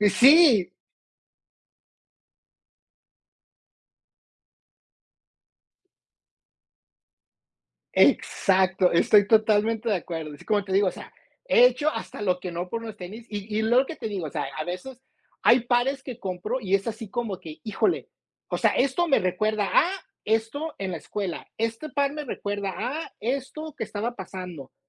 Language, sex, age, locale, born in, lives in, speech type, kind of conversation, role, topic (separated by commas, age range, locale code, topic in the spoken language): Spanish, male, 45-49, es-MX, United States, United States, conversation, unstructured, none, ¿Tienes algún recuerdo que siempre te haga sonreír?